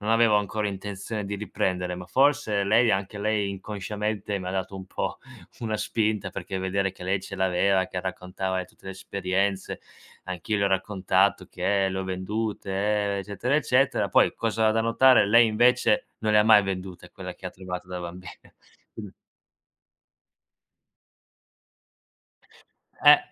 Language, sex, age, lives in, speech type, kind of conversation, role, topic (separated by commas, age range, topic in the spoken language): Italian, male, 25-29, Italy, conversation, podcast, guest, Hai mai ripreso un hobby durante un momento difficile?